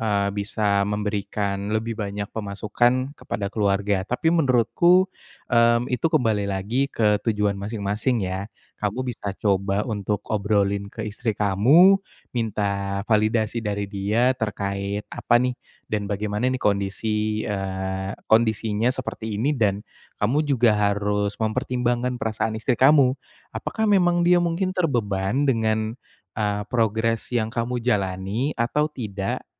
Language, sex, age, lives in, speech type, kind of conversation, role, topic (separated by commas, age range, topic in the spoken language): Indonesian, male, 25-29, Indonesia, conversation, advice, advisor, Bagaimana caranya mengubah rasa iri saat membandingkan diri dengan orang lain menjadi motivasi untuk diri sendiri?